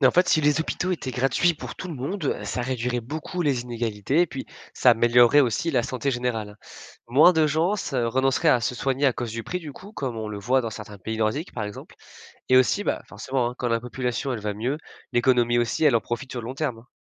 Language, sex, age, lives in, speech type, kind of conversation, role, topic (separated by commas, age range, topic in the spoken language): French, male, 20-24, France, read, expert-assistant, expert, Comment notre vie changerait-elle si les hôpitaux étaient gratuits et accessibles à tout le monde ?